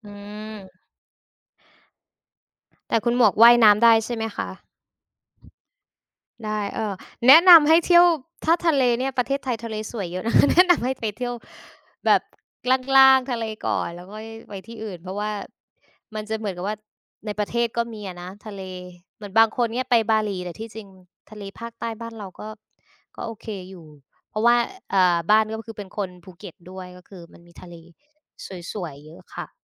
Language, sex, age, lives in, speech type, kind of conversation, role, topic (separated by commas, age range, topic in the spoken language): Thai, female, 25-29, Thailand, conversation, unstructured, none, คุณพิจารณาปัจจัยใดบ้างเมื่อต้องเลือกสถานที่ท่องเที่ยว?